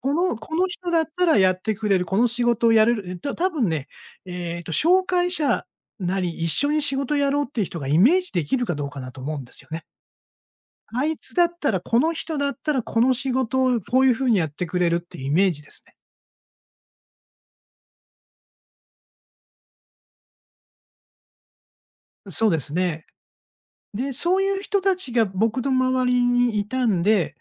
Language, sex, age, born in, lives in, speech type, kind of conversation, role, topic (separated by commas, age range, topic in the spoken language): Japanese, male, 60-64, Japan, Japan, conversation, podcast, guest, 仕事を変えるとき、何を一番重視しますか？